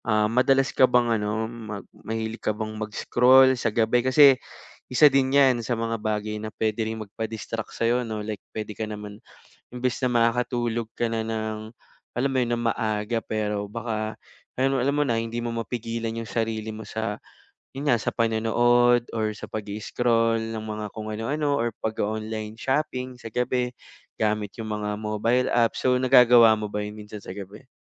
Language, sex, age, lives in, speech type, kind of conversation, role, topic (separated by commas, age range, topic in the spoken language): Filipino, male, 25-29, Philippines, conversation, advice, advisor, Paano ako makakalikha ng kapaligirang walang abala para makapagtrabaho?